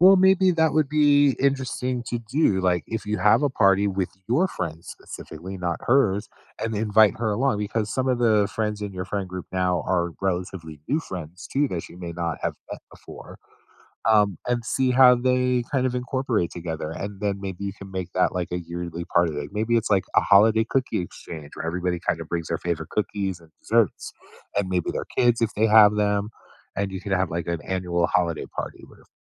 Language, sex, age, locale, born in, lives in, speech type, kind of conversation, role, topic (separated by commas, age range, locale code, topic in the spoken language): English, male, 50-54, en-US, United States, United States, conversation, advice, advisor, How can I reconnect with my close friends?